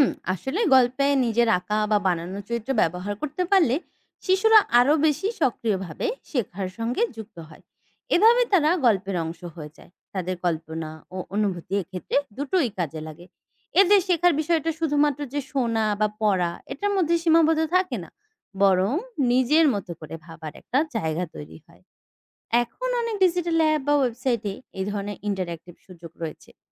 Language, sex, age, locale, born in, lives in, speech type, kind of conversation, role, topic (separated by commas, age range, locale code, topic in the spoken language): Bengali, female, 20-24, bn-BD, Bangladesh, Bangladesh, read, expert-assistant, expert, শিশুদের জন্য প্রাণীর বিবর্তন শেখাতে কি ইন্টার‍্যাকটিভ ডিজিটাল মাধ্যম সবচেয়ে কার্যকর?